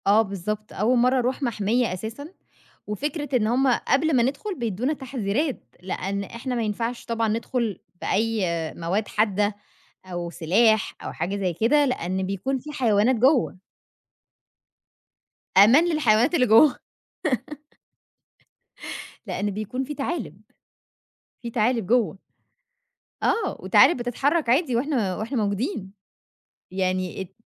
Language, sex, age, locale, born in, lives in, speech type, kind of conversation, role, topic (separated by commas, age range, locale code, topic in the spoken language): Arabic, female, 25-29, ar-EG, Egypt, Egypt, conversation, podcast, guest, إيه أكتر منظر طبيعي عمرك ما هتنساه وليه؟